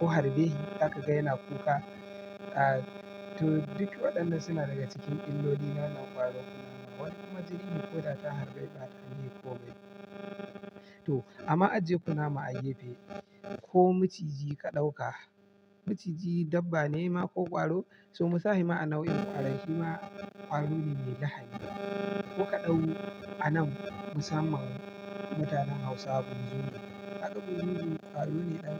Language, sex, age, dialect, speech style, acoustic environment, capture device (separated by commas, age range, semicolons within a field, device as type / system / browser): Hausa, male, 25-34, Nigeria - Kano, happy; relaxed, traffic; nature, mobile / Linux / Chrome